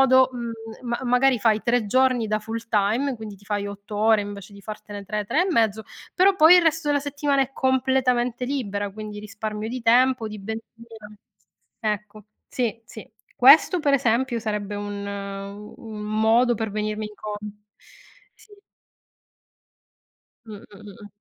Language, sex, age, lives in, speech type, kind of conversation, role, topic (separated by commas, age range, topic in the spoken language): Italian, female, 40-44, Italy, conversation, podcast, guest, Qual è il modo migliore per trovare un equilibrio tra lavoro e vita privata?